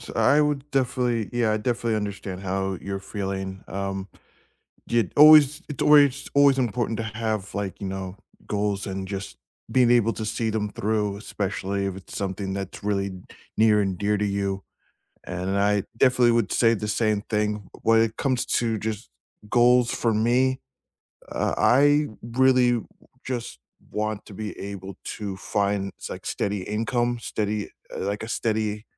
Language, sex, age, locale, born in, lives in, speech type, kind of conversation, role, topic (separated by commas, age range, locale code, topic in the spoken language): English, male, 35-39, en-US, United States, United States, conversation, unstructured, none, What is a goal that changed the way you see yourself?